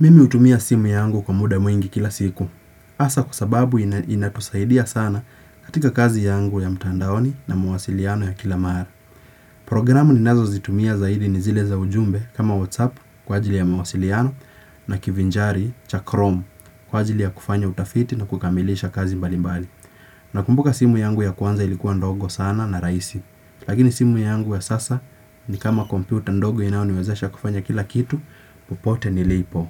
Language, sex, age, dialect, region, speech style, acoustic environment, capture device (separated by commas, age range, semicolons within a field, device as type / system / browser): Swahili, male, 18-24, Kenya - Nairobi Sheng-influenced, Kenya, relaxed; focused, nature; silence; appliances, desktop / Windows / Chrome